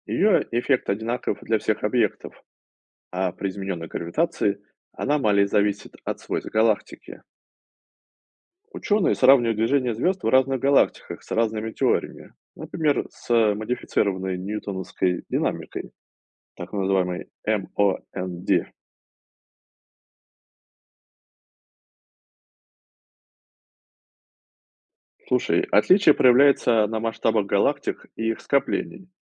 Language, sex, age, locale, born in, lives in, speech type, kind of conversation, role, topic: Russian, male, 35-39, ru-RU, Russia, United States, read, expert-assistant, expert, Что означает термин «тёмная материя» и почему она так важна для понимания Вселенной?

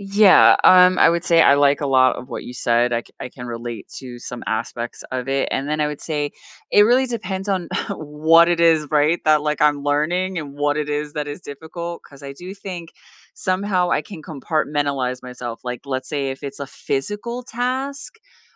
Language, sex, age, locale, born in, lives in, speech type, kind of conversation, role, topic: English, female, 40-44, en-US, United States, United States, conversation, unstructured, none, How do you deal with frustration when learning something difficult?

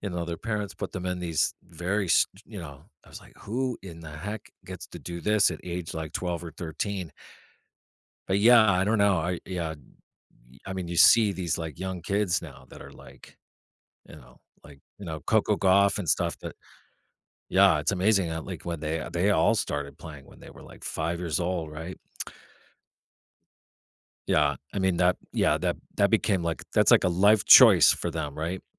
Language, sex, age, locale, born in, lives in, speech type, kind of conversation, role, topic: English, male, 45-49, en-US, United States, United States, conversation, podcast, guest, In what ways has making fitness a priority influenced your overall well-being?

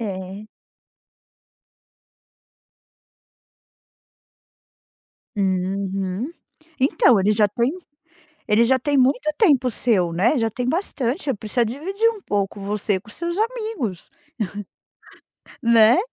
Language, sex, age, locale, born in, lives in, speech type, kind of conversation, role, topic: Portuguese, female, 40-44, pt-BR, Brazil, United States, conversation, advice, advisor, Como posso descrever uma briga recorrente causada por ciúmes?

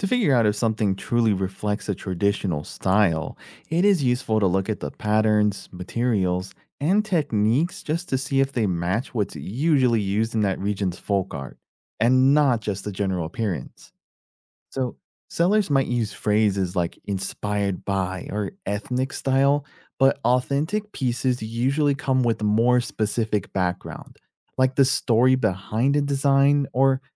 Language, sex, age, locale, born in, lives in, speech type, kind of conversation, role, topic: English, male, 30-34, en-US, United States, United States, read, expert-assistant, expert, How can you find authentic folk art online?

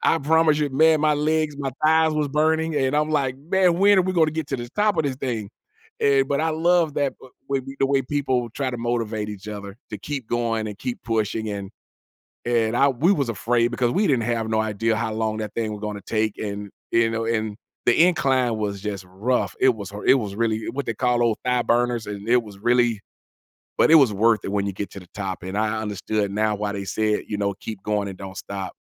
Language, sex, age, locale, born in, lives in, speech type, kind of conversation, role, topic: English, male, 45-49, en-US, United States, United States, conversation, podcast, guest, How have unexpected moments during travel shaped your experiences or outlook?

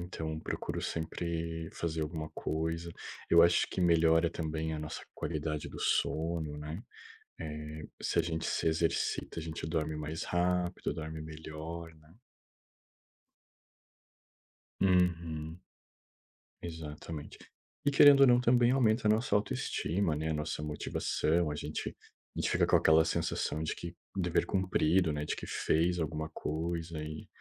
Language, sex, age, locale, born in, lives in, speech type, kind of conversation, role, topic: Portuguese, male, 30-34, pt-BR, Brazil, Portugal, conversation, unstructured, none, Como você encontra tempo para praticar exercícios físicos?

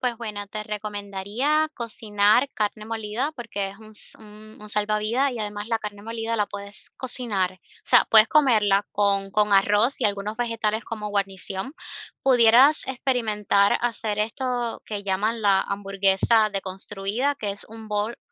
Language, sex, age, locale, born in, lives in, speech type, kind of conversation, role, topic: Spanish, female, 25-29, es-CU, Cuba, United States, conversation, advice, advisor, ¿Cómo puedo planificar comidas sencillas y nutritivas sin sentirme abrumado cada semana?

